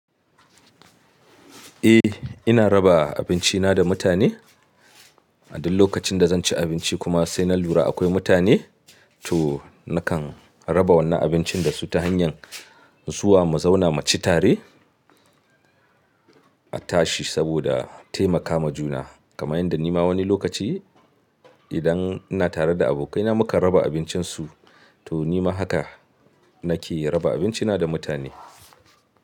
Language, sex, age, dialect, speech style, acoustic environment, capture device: Hausa, male, 25-34, Nigeria - Katsina, happy, nature, mobile / Linux / Chrome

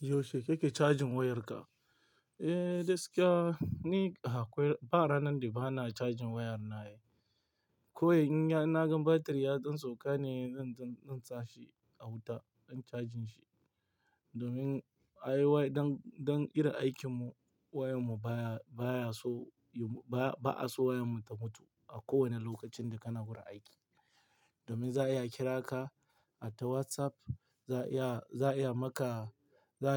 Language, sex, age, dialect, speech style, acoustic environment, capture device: Hausa, male, 18-24, Nigeria - Lagos Yoruba, relaxed; tired, nature, mobile / Linux / Chrome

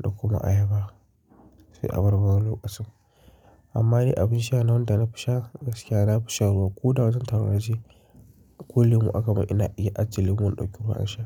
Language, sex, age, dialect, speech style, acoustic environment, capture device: Hausa, male, 25-34, Nigeria - Kano, relaxed; excited, silence; nature, mobile / Linux / Chrome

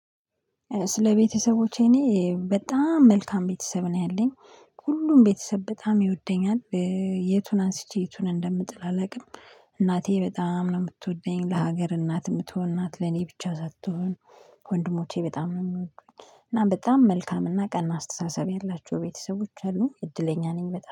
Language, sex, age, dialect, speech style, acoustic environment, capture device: Amharic, female, 25-34, Ethiopia - Addis Ababa, focused, silence, mobile / Linux / Chrome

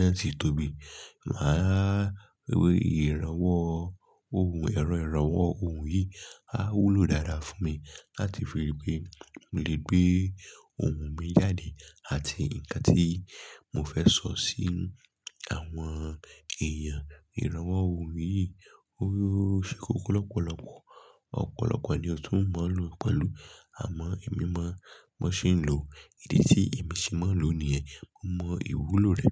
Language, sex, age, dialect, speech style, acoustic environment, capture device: Yoruba, male, 25-34, Nigeria - Lagos Yoruba, excited; focused; relaxed, silence; nature; traffic; people talking, mobile / Linux / Chrome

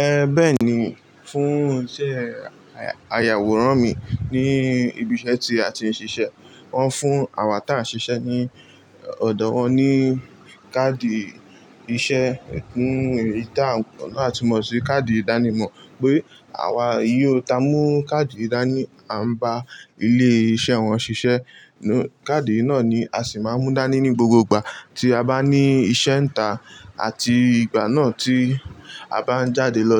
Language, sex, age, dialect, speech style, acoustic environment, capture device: Yoruba, male, 18-24, Nigeria - Lagos Yoruba, relaxed, appliances, mobile / Linux / Chrome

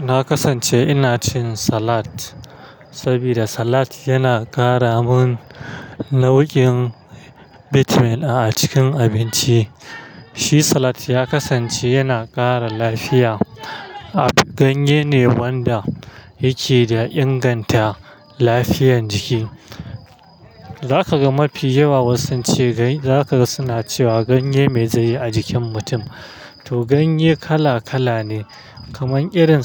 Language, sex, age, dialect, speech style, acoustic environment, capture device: Hausa, male, 25-34, Nigeria - Kano, happy; stressed, silence; traffic, mobile / Linux / Chrome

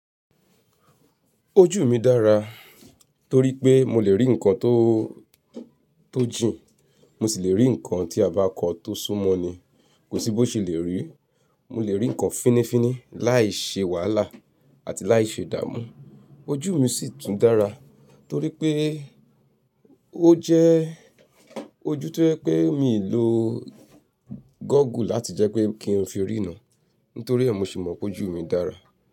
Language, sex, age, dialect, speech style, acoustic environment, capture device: Yoruba, female, 35-44, Nigeria - Nigerian Standard, focused, silence, mobile / Linux / Chrome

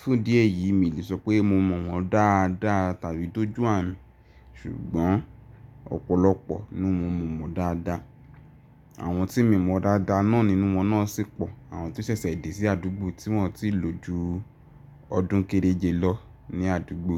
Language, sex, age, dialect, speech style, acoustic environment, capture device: Yoruba, male, 18-24, Nigeria - Ibadan, nervous, appliances, mobile / Linux / Chrome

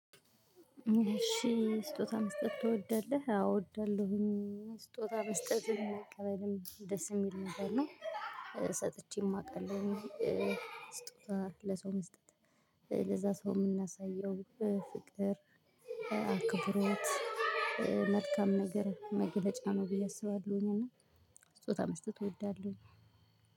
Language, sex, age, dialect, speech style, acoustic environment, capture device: Amharic, female, 25-34, Ethiopia - Gojjam, happy; excited; relaxed, silence, mobile / Linux / Chrome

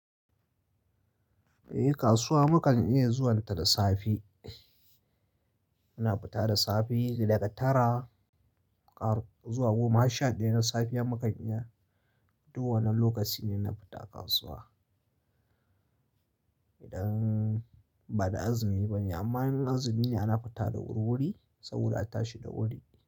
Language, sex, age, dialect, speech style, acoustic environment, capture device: Hausa, male, 25-34, Nigeria - Kano, happy, silence, mobile / Linux / Chrome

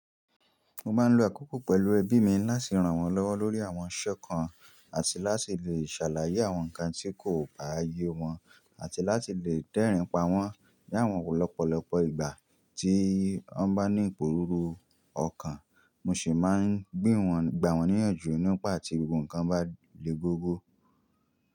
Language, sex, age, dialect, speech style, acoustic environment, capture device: Yoruba, male, 25-34, Nigeria - Nigerian Standard, happy; excited, people talking, mobile / Linux / Chrome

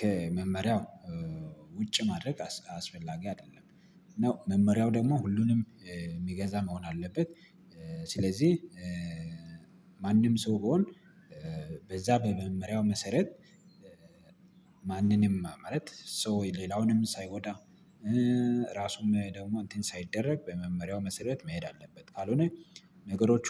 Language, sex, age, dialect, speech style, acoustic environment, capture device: Amharic, male, 25-34, Ethiopia - Addis Ababa, excited, nature, mobile / Linux / Chrome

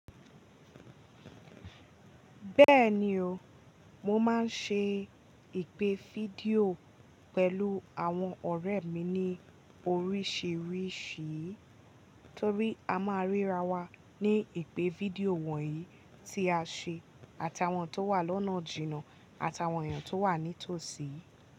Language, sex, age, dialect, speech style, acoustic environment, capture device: Yoruba, female, 18-24, Nigeria - Ibadan, happy, nature, mobile / Linux / Chrome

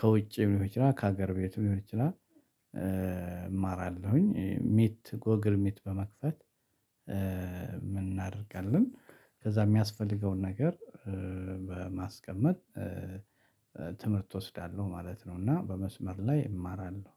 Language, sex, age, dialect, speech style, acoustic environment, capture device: Amharic, male, 35-44, Ethiopia - Addis Ababa, happy; excited, people talking, mobile / Linux / Chrome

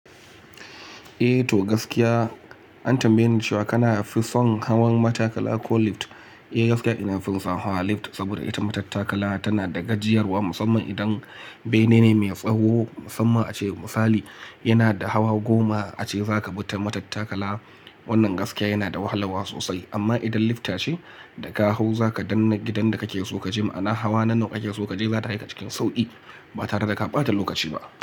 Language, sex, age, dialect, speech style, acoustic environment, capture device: Hausa, male, 25-34, Nigeria - Kano, nervous; excited; focused; stressed, nature; silence, mobile / Linux / Chrome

